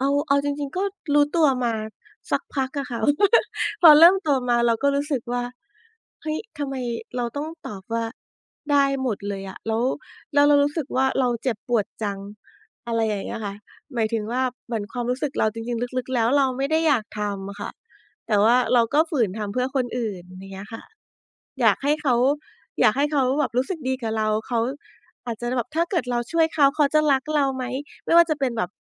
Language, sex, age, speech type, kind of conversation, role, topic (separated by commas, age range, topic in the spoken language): Thai, female, 40-44, conversation, podcast, guest, คุณฝึกบอกว่า “ไม่” อย่างมั่นใจได้อย่างไร?